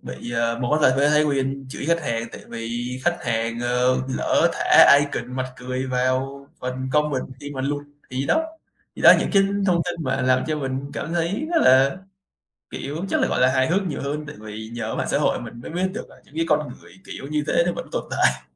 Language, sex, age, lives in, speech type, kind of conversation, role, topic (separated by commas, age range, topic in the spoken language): Vietnamese, male, 20-24, Vietnam, conversation, podcast, guest, Bạn sử dụng mạng xã hội như thế nào trong sinh hoạt hằng ngày?